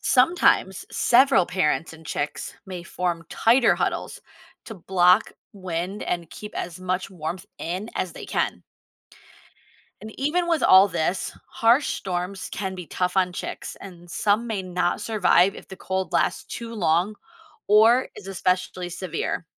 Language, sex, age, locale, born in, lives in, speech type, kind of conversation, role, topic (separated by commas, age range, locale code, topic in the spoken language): English, female, 35-39, en-US, United States, United States, read, expert-assistant, expert, How do penguins stay warm in cold climates?